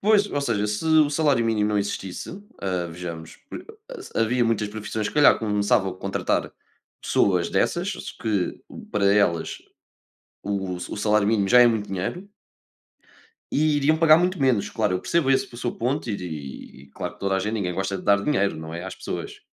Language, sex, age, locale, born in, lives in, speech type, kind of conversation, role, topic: Portuguese, male, 20-24, pt-PT, Portugal, Portugal, conversation, unstructured, none, Quais melhorias você gostaria de ver nas políticas de emprego?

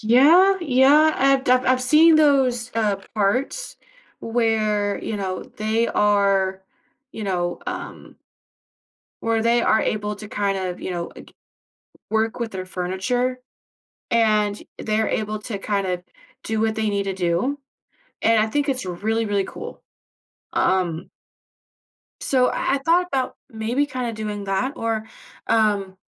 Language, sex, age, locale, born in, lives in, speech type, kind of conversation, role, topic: English, female, 25-29, en-US, United States, United States, conversation, advice, user, How can I build my self-esteem so I'm less nervous in social situations and more willing to try new things?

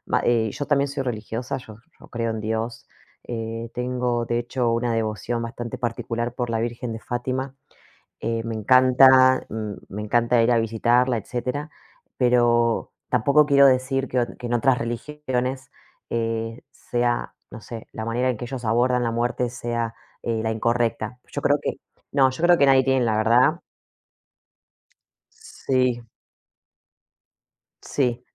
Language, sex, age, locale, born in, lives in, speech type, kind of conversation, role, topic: Spanish, female, 35-39, es-AR, Argentina, Portugal, conversation, unstructured, none, ¿Crees que la muerte cambia la forma en que valoramos la vida?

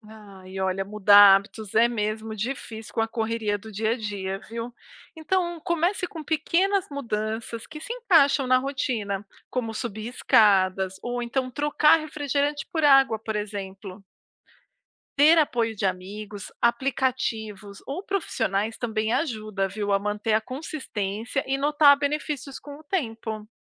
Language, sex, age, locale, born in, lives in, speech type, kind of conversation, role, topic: Portuguese, female, 40-44, pt-BR, Brazil, United States, read, expert-assistant, expert, Como manter hábitos saudáveis de forma prática no dia a dia?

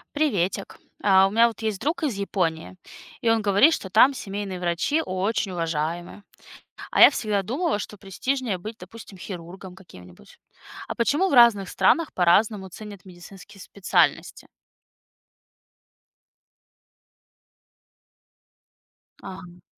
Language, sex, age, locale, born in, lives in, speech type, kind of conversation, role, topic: Russian, female, 30-34, ru-RU, Russia, United States, read, expert-assistant, asker, Как традиции разных стран влияют на выбор медицинских специальностей?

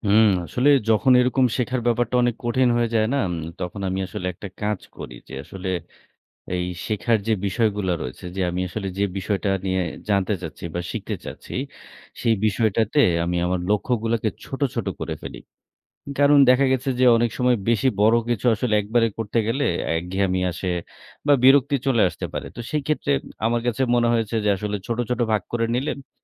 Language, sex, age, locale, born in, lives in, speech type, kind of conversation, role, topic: Bengali, male, 30-34, bn-BD, Bangladesh, Bangladesh, conversation, podcast, guest, শেখা যখন কঠিন হয়ে যায়, তখন শেখার মজা কীভাবে আবার ফিরিয়ে আনবেন?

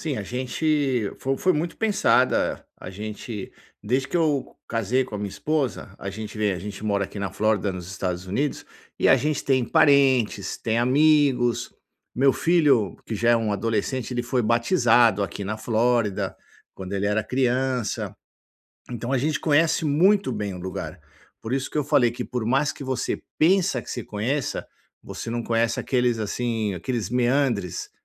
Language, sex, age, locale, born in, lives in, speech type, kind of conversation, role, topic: Portuguese, male, 50-54, pt-BR, Brazil, United States, conversation, advice, user, Como posso lidar com a incerteza e a ansiedade no dia a dia?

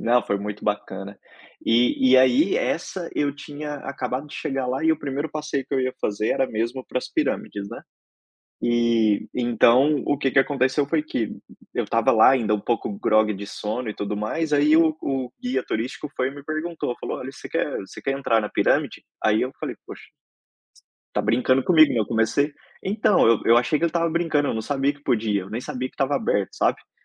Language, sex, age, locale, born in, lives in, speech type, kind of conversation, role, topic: Portuguese, male, 30-34, pt-BR, Brazil, Spain, conversation, unstructured, none, Qual foi a melhor surpresa que você encontrou por acaso durante uma viagem?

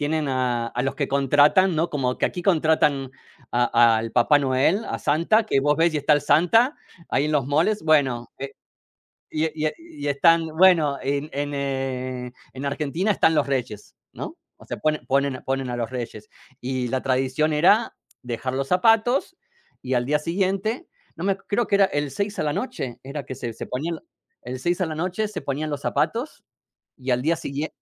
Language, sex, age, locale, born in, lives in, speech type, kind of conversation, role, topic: Spanish, male, 45-49, es-AR, Argentina, United States, conversation, unstructured, none, ¿Cómo celebran las festividades religiosas en tu familia?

